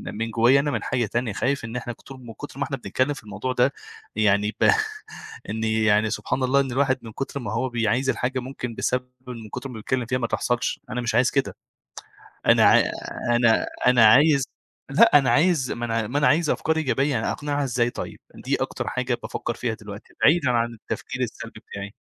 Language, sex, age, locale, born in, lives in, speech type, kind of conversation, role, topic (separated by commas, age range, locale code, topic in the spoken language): Arabic, male, 25-29, ar-EG, Egypt, Egypt, conversation, advice, user, إزاي ناخد قرار مصيري مع بعض زي النقلة أو الخلفة أو تغيير الشغل؟